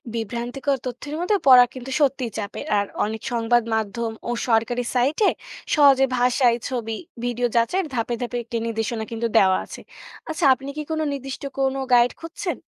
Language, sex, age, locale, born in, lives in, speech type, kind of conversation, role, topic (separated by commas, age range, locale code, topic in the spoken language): Bengali, female, 20-24, bn-BD, Bangladesh, Bangladesh, read, expert-assistant, expert, জরুরি পরিস্থিতিতে সঠিক ও সম্পূর্ণ তথ্য কোথায় পাওয়া যায়?